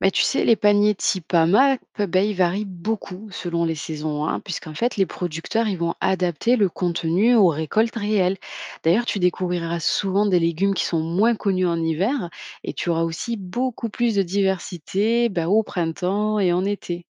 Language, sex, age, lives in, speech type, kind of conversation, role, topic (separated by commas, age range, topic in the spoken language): French, female, 25-29, France, read, expert-assistant, expert, Les cultures sans pesticides : est-ce vraiment avantageux pour les agriculteurs et les consommateurs ?